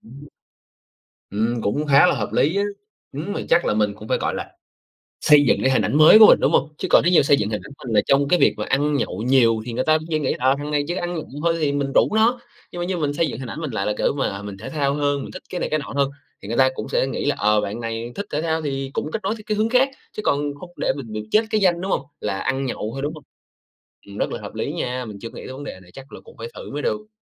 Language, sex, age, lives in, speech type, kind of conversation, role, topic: Vietnamese, male, 25-29, Vietnam, conversation, advice, user, Làm sao để sống tối giản mà vẫn giữ được kết nối xã hội?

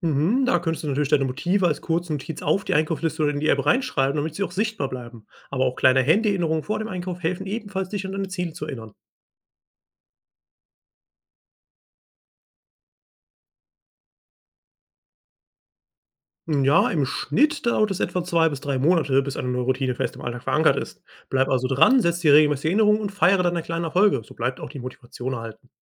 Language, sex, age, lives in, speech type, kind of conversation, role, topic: German, male, 25-29, Germany, read, expert-assistant, expert, Welche einfachen Methoden helfen, klügere Kaufentscheidungen im Alltag zu treffen, ohne sich zu sehr von Gefühlen leiten zu lassen?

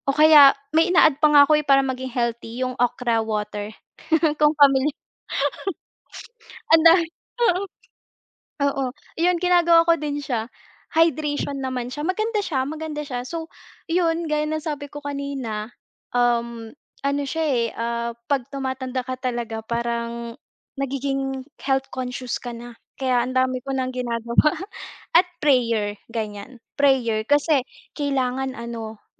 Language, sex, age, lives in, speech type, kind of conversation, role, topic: Filipino, female, 25-29, Philippines, conversation, podcast, guest, Paano mo sinisimulan ang umaga para maging mas malusog ang araw mo?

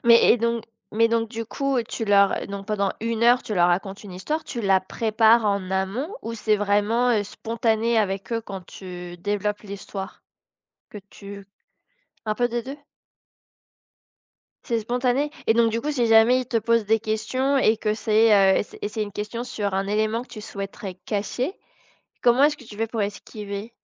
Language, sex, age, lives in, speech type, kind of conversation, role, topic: French, female, 25-29, France, conversation, podcast, host, Comment choisis-tu ce que tu montres ou ce que tu caches dans une histoire ?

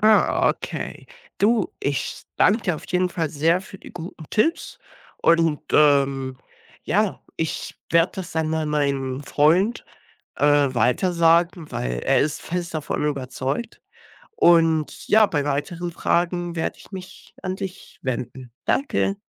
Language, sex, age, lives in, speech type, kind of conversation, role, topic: German, male, 18-19, Germany, read, expert-assistant, asker, Stimmt es wirklich, dass unser Gehirn nur zehn Prozent seiner Kapazität nutzt? Was steckt hinter diesem weitverbreiteten Mythos?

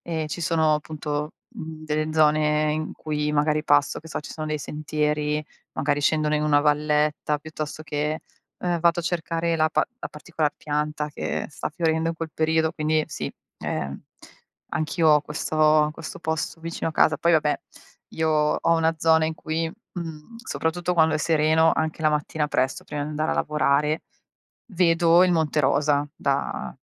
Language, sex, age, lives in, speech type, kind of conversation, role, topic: Italian, female, 40-44, Italy, conversation, unstructured, none, Come descriveresti una giornata ideale per il tuo benessere emotivo?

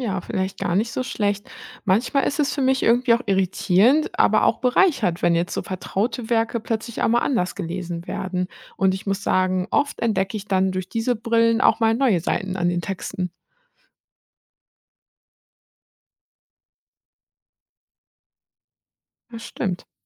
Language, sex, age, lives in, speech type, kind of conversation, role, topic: German, female, 30-34, Germany, read, expert-assistant, asker, Warum werden manche Bücher zu Klassikern und andere nicht? Was macht sie besonders in unserer Kultur?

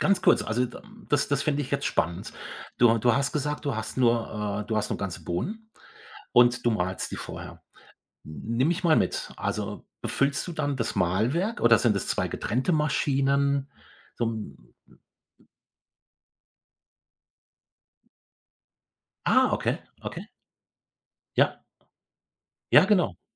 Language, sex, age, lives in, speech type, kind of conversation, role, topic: German, male, 55-59, Germany, conversation, podcast, host, Welche Rituale begleiten das morgendliche Kaffee- oder Teetrinken?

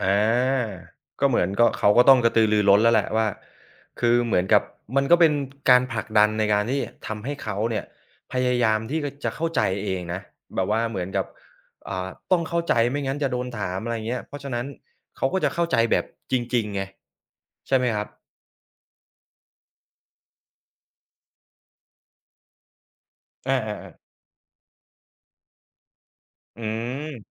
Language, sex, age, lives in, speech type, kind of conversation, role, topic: Thai, male, 35-39, Thailand, conversation, podcast, host, คุณสร้างบรรยากาศอย่างไรให้ทุกคนกล้าแสดงความคิดเห็น?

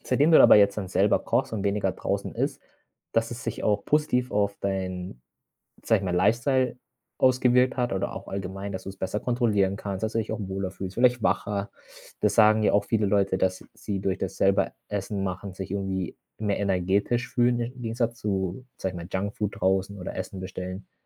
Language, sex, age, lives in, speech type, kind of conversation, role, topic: German, male, 25-29, Germany, conversation, podcast, host, Wie bist du zum Kochen gekommen?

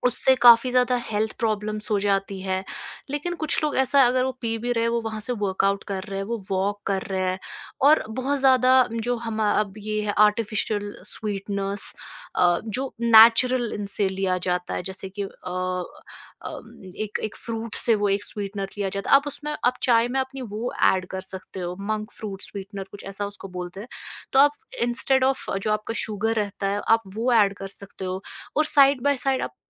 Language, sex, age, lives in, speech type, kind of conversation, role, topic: Hindi, female, 25-29, India, conversation, podcast, guest, Chai ya coffee ka ritual aapke ghar mein kaise hota hai?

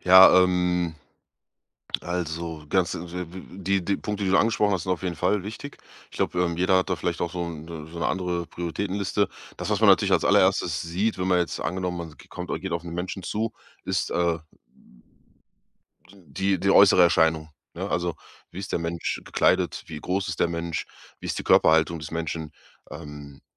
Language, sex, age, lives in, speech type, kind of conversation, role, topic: German, male, 30-34, Germany, conversation, podcast, guest, Wie wichtig sind persönliche Treffen für tiefe Beziehungen deiner Meinung nach?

